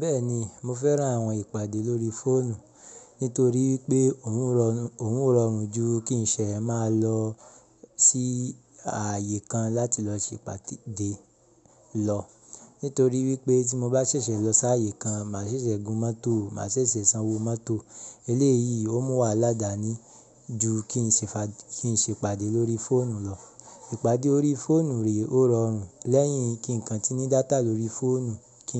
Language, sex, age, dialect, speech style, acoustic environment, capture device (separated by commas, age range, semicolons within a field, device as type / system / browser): Yoruba, male, 25-34, Nigeria - Ibadan, happy; relaxed; focused; excited, silence, mobile / Linux / Chrome